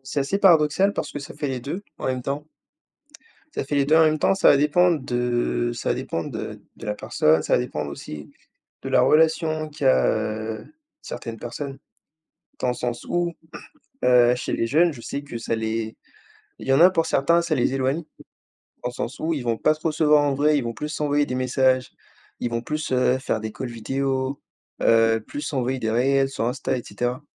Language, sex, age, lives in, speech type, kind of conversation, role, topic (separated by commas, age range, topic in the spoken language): French, male, 20-24, France, conversation, unstructured, none, Penses-tu que les réseaux sociaux rapprochent ou éloignent les gens ?